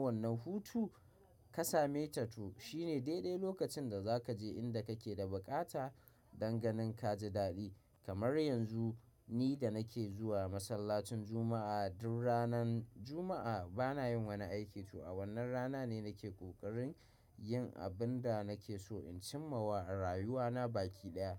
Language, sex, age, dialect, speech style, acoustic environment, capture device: Hausa, male, 25-34, Nigeria - Kano, happy, silence, mobile / macOS / Safari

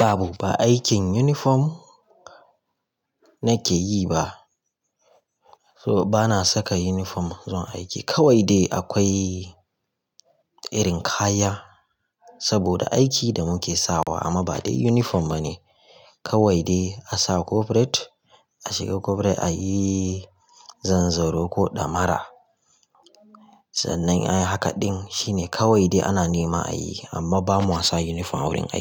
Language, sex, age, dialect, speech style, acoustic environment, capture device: Hausa, male, 25-34, Nigeria - Kano, relaxed, nature, mobile / Linux / Chrome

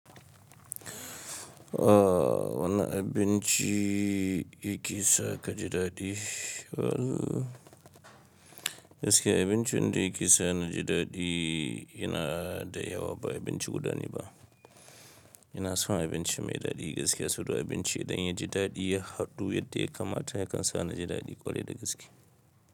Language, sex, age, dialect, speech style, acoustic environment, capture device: Hausa, male, 25-34, Nigeria - Kano, relaxed; excited; focused, silence, mobile / Linux / Chrome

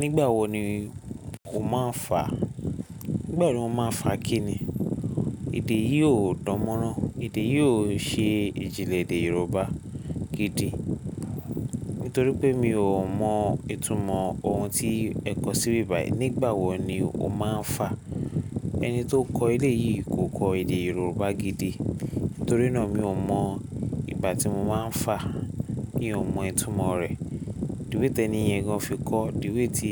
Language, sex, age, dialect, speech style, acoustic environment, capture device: Yoruba, male, 25-34, Nigeria - Lagos Yoruba, tired, silence, mobile / Linux / Chrome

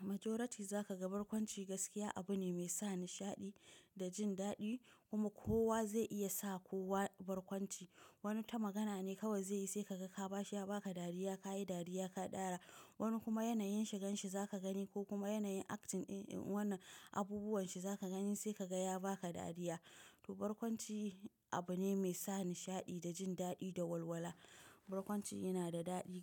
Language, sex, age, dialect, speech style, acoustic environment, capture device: Hausa, female, 25-34, Nigeria - Nigerian Standard, tired; relaxed; focused, nature; silence; appliances, mobile / macOS / Safari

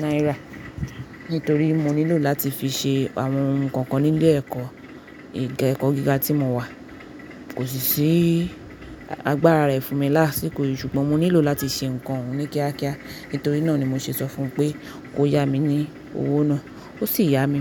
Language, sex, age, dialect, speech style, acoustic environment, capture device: Yoruba, female, 25-34, Nigeria - Nigerian Standard, focused, nature, mobile / Linux / Chrome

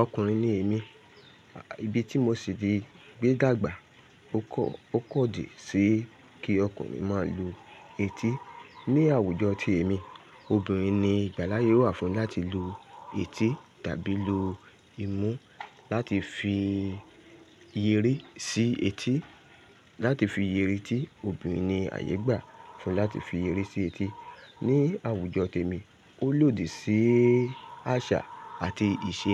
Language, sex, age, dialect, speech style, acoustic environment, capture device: Yoruba, male, 35-44, Nigeria - Lagos Yoruba, relaxed, nature, mobile / Linux / Chrome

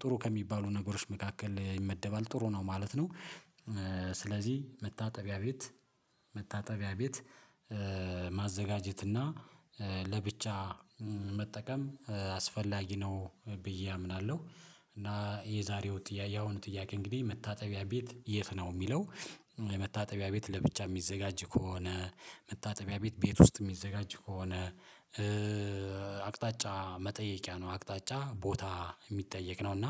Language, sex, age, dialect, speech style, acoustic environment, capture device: Amharic, male, 35-44, Ethiopia - Addis Ababa, relaxed, silence, mobile / Linux / Chrome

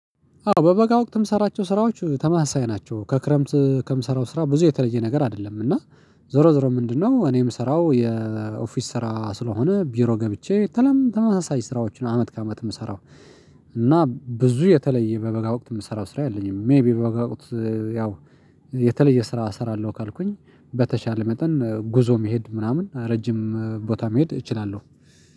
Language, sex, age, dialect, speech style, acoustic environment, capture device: Amharic, male, 35-44, Ethiopia - Addis Ababa, excited, silence, mobile / Linux / Chrome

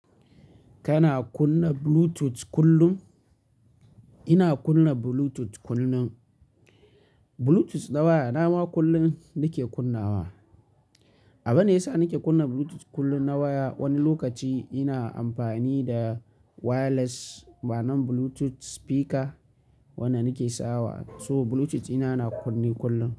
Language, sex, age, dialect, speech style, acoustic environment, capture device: Hausa, male, 25-34, Nigeria - Kano, happy; focused, nature; silence, mobile / Linux / Chrome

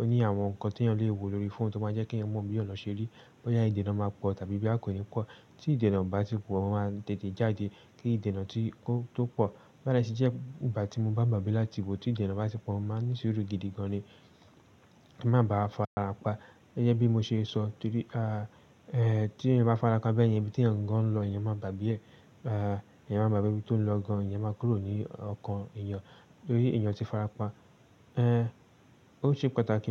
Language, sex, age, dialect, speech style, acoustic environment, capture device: Yoruba, male, 18-24, Nigeria - Lagos Yoruba, focused, silence, desktop / Linux / Chrome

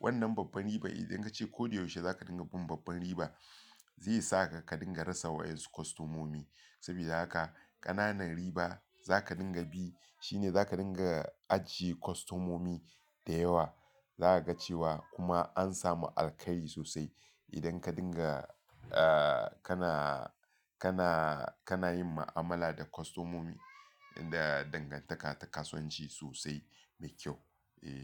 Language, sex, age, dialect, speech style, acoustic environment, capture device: Hausa, male, 35-44, Nigeria - Lagos Yoruba, relaxed, people talking, mobile / Linux / Chrome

